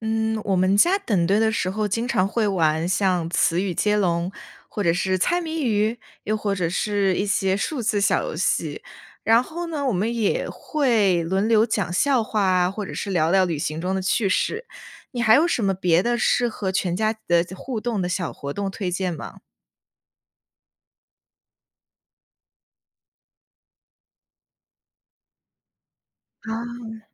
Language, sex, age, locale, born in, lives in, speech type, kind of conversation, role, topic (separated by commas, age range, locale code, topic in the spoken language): Chinese, female, 20-24, zh-CN, China, United States, read, expert-assistant, asker, 计划多代同游时，你通常采用哪种行程安排方式来平衡大家的兴趣？